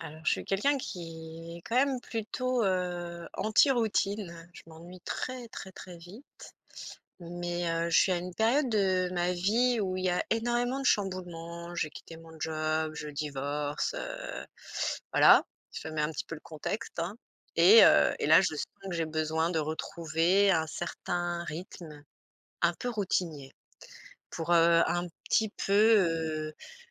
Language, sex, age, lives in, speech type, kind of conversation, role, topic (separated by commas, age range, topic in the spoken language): French, female, 45-49, France, conversation, advice, user, Comment retrouver un rythme après avoir perdu mes routines ?